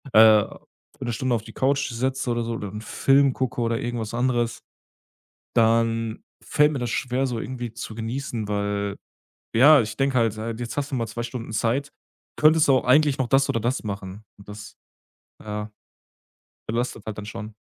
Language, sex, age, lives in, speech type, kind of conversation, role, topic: German, male, 30-34, Germany, conversation, advice, user, Wie gehst du mit deiner Angst vor einem Burnout durch lange Arbeitszeiten um?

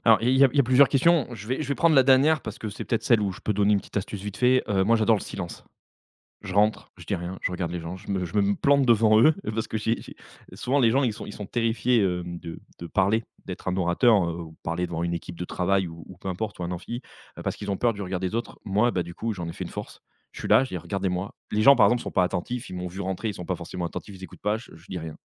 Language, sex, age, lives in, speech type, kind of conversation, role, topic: French, male, 35-39, France, conversation, podcast, guest, Comment raconter une histoire pour qu’elle touche vraiment les gens ?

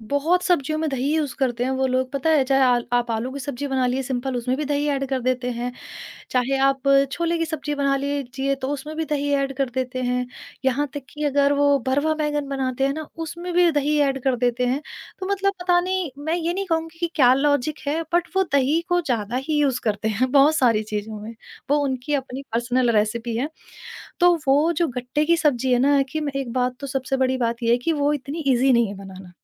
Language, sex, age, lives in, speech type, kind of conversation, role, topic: Hindi, female, 30-34, India, conversation, podcast, guest, Kis khaane ki khushboo aate hi tumhe turant purani yaadein yaad dilaa deti hai?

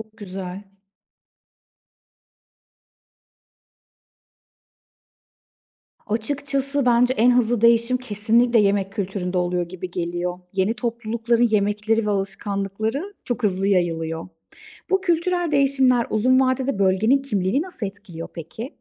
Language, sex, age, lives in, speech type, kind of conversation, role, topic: Turkish, female, 35-39, Germany, read, expert-assistant, asker, Haritalar üzerinden ülkelerin sınırlarını keşfetmek için eğlenceli ya da ilginç bir yöntem önerir misin?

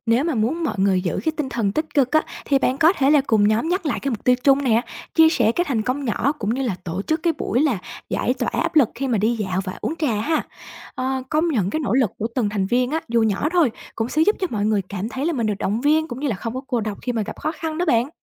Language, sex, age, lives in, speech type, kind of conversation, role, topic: Vietnamese, female, 25-29, Vietnam, read, expert-assistant, expert, Có bí quyết nào từ tâm lý xã hội giúp xây dựng nhóm thân thiện và hợp tác hơn không?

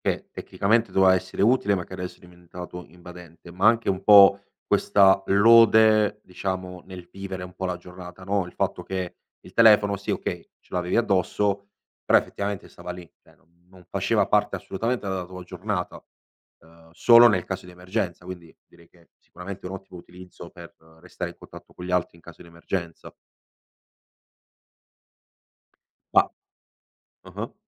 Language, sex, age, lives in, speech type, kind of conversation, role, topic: Italian, male, 25-29, Italy, conversation, podcast, host, Come usi la tecnologia per restare in contatto con gli altri?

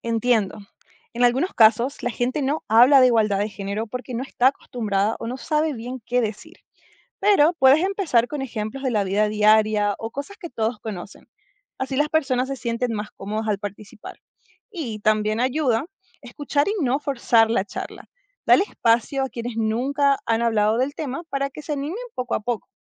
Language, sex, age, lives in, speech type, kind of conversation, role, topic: Spanish, female, 25-29, United States, read, expert-assistant, expert, Explica la importancia de la igualdad de género en el desarrollo social.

